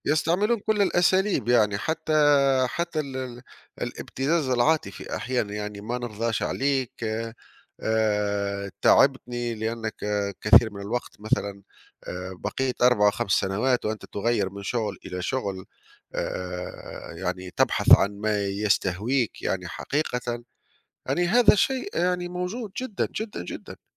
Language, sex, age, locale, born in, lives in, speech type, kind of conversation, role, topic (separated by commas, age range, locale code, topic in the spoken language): Arabic, male, 35-39, ar-TN, Tunisia, Italy, conversation, unstructured, none, إزاي تختار الشغل اللي مناسب ليك في حياتك؟